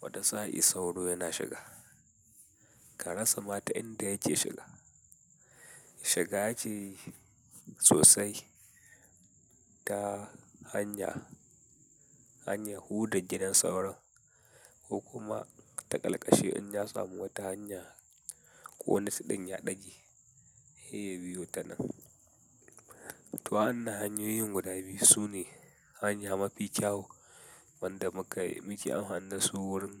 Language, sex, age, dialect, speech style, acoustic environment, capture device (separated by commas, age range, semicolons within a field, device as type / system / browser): Hausa, male, 18-24, Nigeria - Katsina, happy, silence, mobile / Linux / Chrome